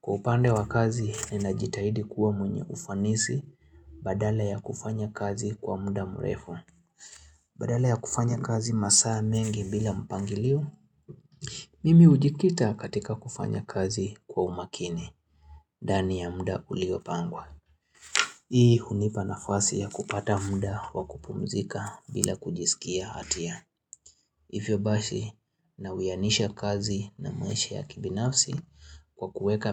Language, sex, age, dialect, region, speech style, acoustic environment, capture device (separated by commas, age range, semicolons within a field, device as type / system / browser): Swahili, male, 25-34, Kenya - Nairobi Sheng-influenced, Kenya, happy; relaxed; focused, silence, mobile / Linux / Chrome